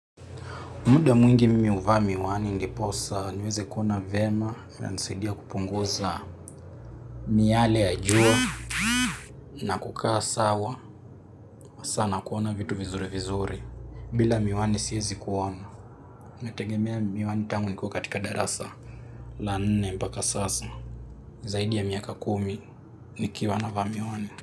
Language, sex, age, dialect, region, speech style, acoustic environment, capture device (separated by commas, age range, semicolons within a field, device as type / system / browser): Swahili, male, 18-24, Kenya - Nairobi Sheng-influenced, Kenya, happy; relaxed; focused, silence, mobile / Linux / Chrome